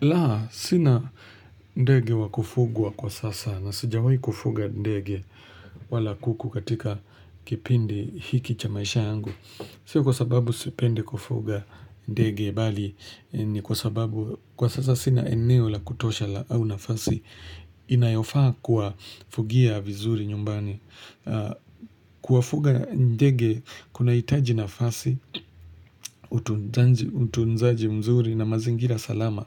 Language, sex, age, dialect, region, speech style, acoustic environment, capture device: Swahili, male, 25-34, Kenya - Nairobi Sheng-influenced, Kenya, relaxed, nature, mobile / Linux / Chrome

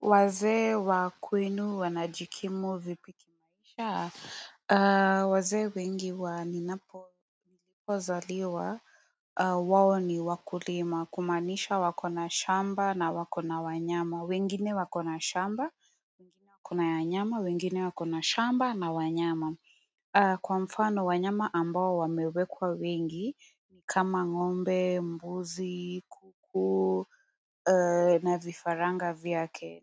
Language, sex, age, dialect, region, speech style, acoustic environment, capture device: Swahili, female, 18-24, Kenya - Nairobi Sheng-influenced, Kenya, relaxed, silence, mobile / Android / Chrome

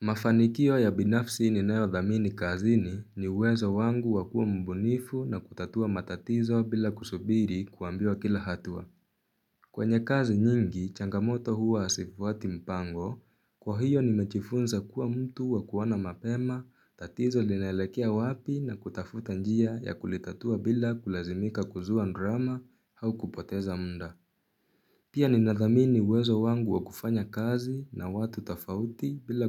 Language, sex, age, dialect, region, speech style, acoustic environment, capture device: Swahili, male, 18-24, Kenya - Nairobi Sheng-influenced, Kenya, anxious, silence, mobile / Linux / Chrome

